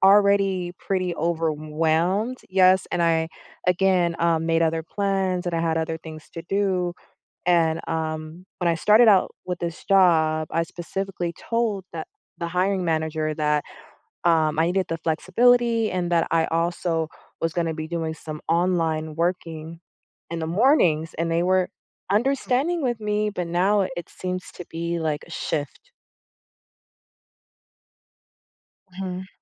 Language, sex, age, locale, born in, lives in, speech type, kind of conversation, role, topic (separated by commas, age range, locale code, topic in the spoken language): English, female, 30-34, en-US, United States, United States, conversation, advice, user, How can I stop worrying that I'm not meeting expectations at work?